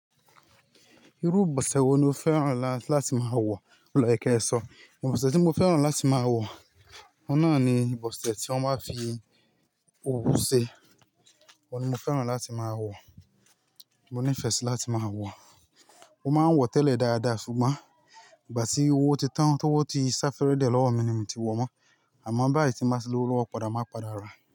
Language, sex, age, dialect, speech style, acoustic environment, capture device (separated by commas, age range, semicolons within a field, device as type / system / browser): Yoruba, male, 25-34, Nigeria - Ibadan, happy; relaxed; excited, nature, mobile / Linux / Chrome